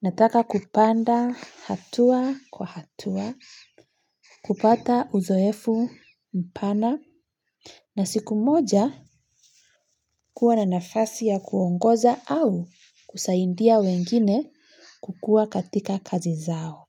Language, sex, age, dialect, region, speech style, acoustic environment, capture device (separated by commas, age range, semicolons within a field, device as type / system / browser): Swahili, female, 25-34, Kenya - Nairobi Sheng-influenced, Kenya, relaxed, nature, mobile / Linux / Chrome